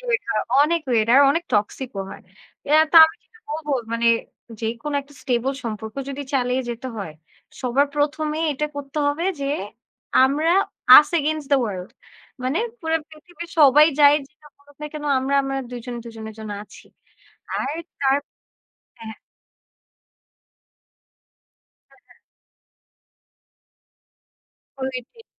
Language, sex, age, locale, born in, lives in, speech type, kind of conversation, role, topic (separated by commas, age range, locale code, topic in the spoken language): Bengali, female, 20-24, bn-BD, Bangladesh, Bangladesh, conversation, unstructured, none, তোমার মতে একটি সম্পর্ক টিকিয়ে রাখতে কী করা উচিত?